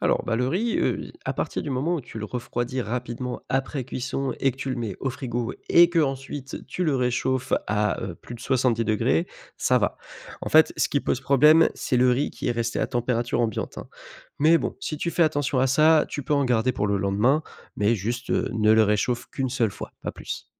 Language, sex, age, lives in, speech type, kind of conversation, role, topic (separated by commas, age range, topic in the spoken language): French, male, 25-29, France, read, expert-assistant, expert, Comment savoir si un aliment est sûr sans devenir paranoïaque ?